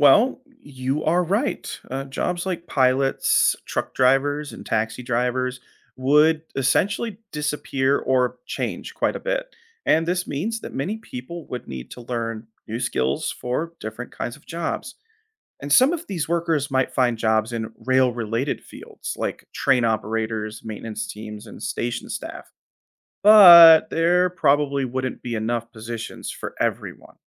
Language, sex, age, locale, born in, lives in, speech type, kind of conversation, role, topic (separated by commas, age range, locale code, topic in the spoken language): English, male, 30-34, en-US, United States, United States, read, expert-assistant, expert, What if all transportation used high-speed trains?